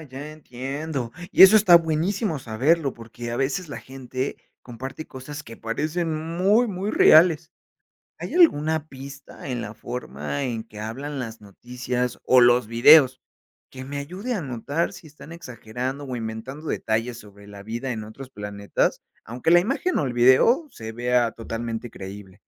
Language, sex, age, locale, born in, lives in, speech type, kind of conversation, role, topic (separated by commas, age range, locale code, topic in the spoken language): Spanish, male, 30-34, es-MX, Mexico, Mexico, read, expert-assistant, asker, ¿Cómo podemos saber si una noticia sobre vida extraterrestre es confiable?